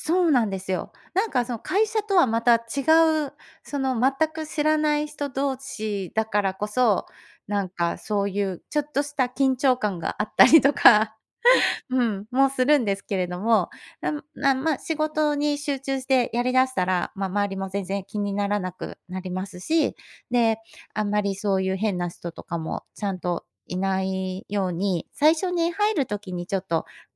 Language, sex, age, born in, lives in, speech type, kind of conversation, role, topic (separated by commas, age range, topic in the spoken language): Japanese, female, 50-54, Japan, Japan, conversation, podcast, guest, 仕事と家庭をどう切り替えていますか？